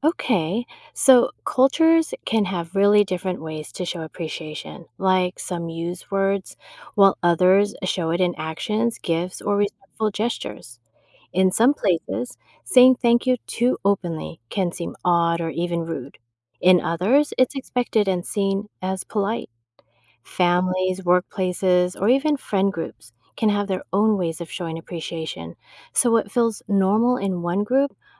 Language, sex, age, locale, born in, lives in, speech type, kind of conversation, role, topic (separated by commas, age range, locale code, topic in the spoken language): English, female, 50-54, en-US, United States, United States, read, expert-assistant, expert, Why is it important to show appreciation to others?